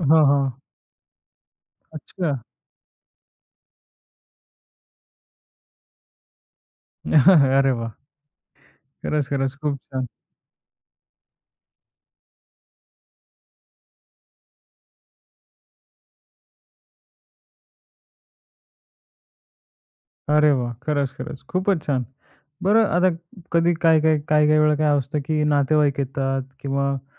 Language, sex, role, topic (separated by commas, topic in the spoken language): Marathi, male, host, तुम्ही कुटुंबाला घर व्यवस्थित ठेवण्यासाठी कसे प्रोत्साहित करता?